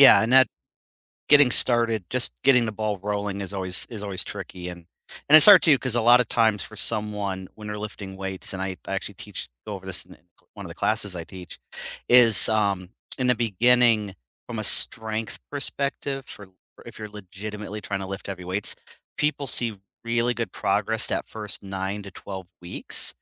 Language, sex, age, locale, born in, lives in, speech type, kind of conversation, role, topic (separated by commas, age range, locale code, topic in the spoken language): English, male, 40-44, en-US, United States, United States, conversation, unstructured, none, What is one habit that changed your life?